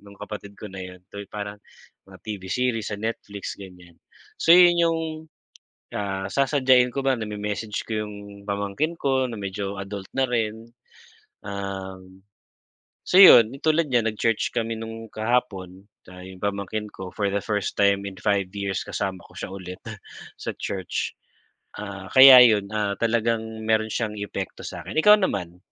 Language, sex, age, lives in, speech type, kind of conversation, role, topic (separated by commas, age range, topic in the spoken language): Filipino, male, 40-44, Philippines, conversation, unstructured, none, Ano ang mga simpleng paraan para maging masaya araw-araw?